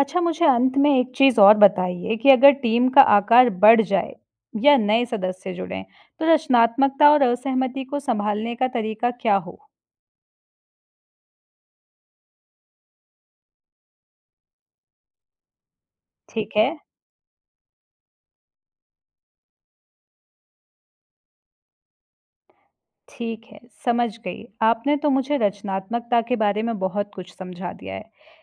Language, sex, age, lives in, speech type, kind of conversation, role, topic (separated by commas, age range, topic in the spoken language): Hindi, female, 25-29, India, read, expert-assistant, asker, क्या रचनात्मकता सिर्फ कुछ लोगों में होती है, या हर इंसान के अंदर छुपी होती है?